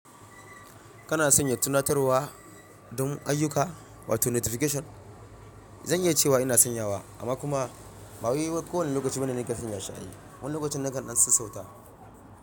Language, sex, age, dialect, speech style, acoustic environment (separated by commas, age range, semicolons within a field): Hausa, male, 25-34, Nigeria - Ibadan, relaxed, silence